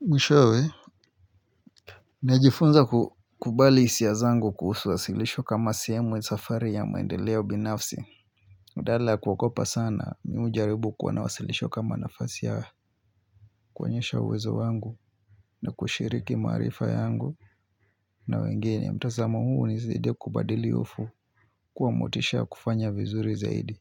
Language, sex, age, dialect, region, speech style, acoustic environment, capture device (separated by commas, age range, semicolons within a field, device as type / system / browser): Swahili, male, 25-34, Kenya - Mombasa, Kenya, focused, silence, mobile / Linux / Chrome